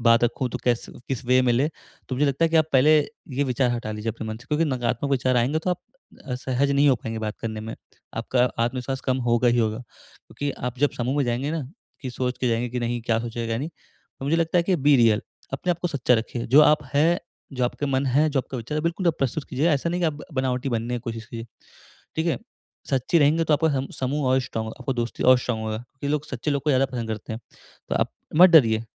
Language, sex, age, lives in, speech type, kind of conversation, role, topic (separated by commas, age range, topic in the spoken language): Hindi, male, 20-24, India, conversation, advice, advisor, मैं नए लोगों से सहजता से कैसे जुड़ सकता/सकती हूँ?